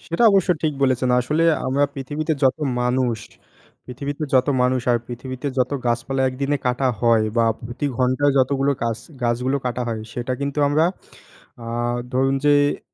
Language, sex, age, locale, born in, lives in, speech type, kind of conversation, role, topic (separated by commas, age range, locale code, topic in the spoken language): Bengali, male, 20-24, bn-BD, Bangladesh, Bangladesh, conversation, unstructured, none, আপনি কেন মনে করেন বৃক্ষরোপণ এত গুরুত্বপূর্ণ?